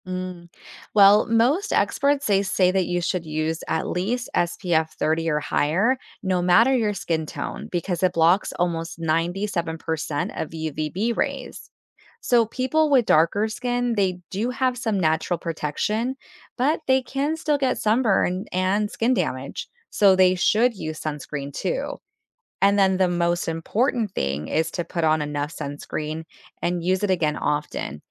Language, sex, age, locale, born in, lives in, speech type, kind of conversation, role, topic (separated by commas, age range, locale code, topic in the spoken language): English, female, 35-39, en-US, United States, United States, read, expert-assistant, expert, How does sunscreen protect our skin?